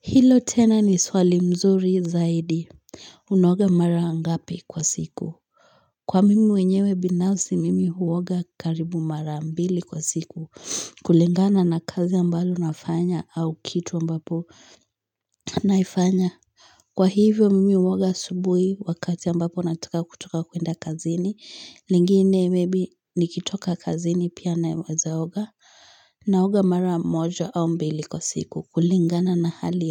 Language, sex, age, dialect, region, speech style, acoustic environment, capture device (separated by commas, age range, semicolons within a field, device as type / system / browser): Swahili, female, 18-24, Kenya - Nairobi Sheng-influenced, Kenya, excited; focused, silence, mobile / Linux / Chrome